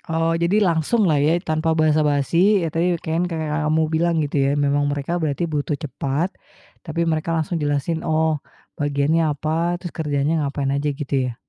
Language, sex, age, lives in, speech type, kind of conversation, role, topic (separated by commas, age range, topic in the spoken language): Indonesian, female, 35-39, Indonesia, conversation, podcast, host, Bagaimana kamu belajar dari kegagalan tanpa kehilangan semangat?